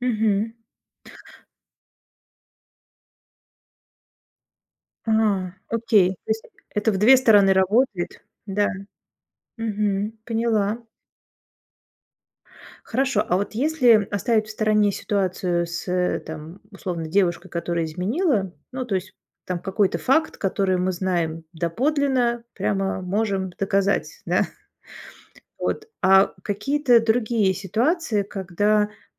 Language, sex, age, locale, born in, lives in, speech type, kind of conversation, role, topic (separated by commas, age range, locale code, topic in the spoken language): Russian, female, 45-49, ru-RU, Russia, Germany, conversation, podcast, host, Как говорить правду так, чтобы не разрушить доверие?